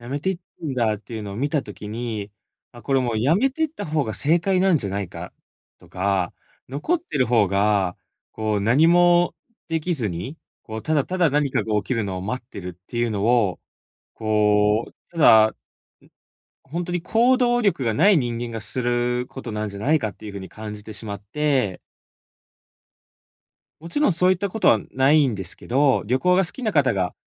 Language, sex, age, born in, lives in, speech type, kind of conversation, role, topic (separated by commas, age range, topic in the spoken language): Japanese, male, 25-29, Japan, Japan, conversation, advice, user, 失敗への恐怖をどうすれば克服できますか？